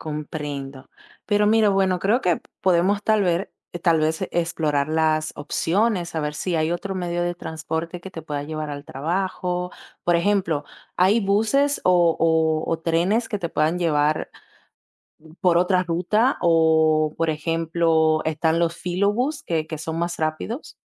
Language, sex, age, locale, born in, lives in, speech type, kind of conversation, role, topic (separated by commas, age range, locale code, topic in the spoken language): Spanish, female, 20-24, es-DO, Dominican Republic, Italy, conversation, advice, advisor, ¿Cómo te afectan el traslado diario y el poco tiempo personal?